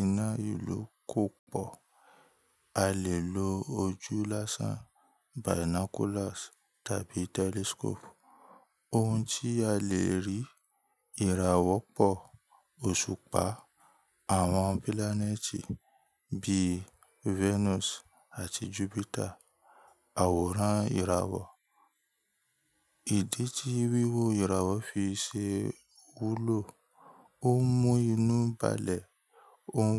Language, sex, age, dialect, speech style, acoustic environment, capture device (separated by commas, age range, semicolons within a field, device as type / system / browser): Yoruba, male, 25-34, Nigeria - Ibadan, relaxed, nature, mobile / Linux / Chrome